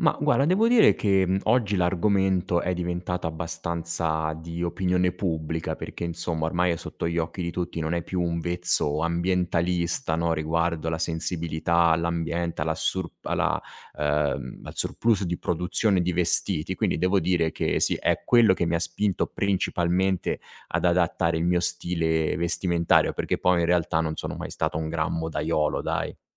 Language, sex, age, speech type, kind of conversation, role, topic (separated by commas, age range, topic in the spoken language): Italian, male, 35-39, conversation, podcast, guest, Che cosa ti spinge a cambiare il modo in cui ti vesti?